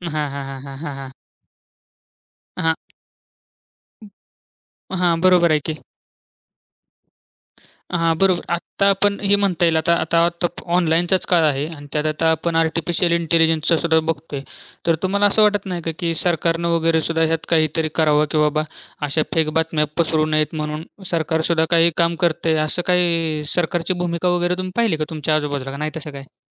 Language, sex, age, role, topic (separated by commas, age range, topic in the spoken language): Marathi, male, 20-24, host, ऑनलाइन बातम्या खऱ्या आहेत की खोट्या, हे कसे ओळखाल?